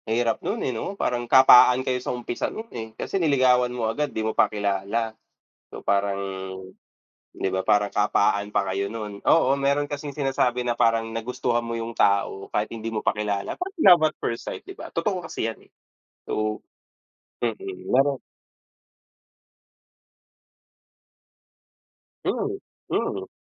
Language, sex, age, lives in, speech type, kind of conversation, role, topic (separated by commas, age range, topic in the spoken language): Filipino, male, 30-34, Philippines, conversation, unstructured, none, Paano mo malalaman kung handa ka na sa isang seryosong relasyon, at ano ang pinakamahalagang katangian na hinahanap mo sa magiging kapareha mo?